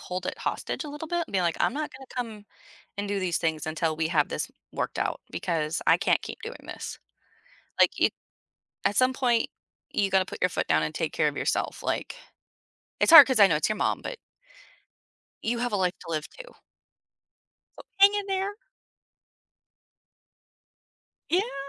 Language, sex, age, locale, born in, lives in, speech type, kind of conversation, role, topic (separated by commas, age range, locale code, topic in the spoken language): English, female, 30-34, en-US, United States, United States, conversation, advice, advisor, How can I handle overwhelming family responsibilities without burning out?